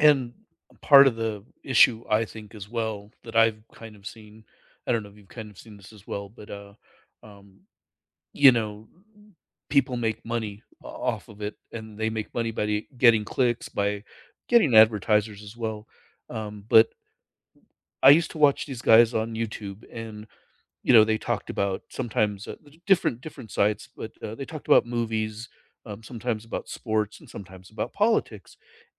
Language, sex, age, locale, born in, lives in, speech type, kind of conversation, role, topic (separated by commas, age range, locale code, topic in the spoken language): English, male, 55-59, en-US, United States, United States, conversation, unstructured, none, Should companies be responsible for fake news on their platforms?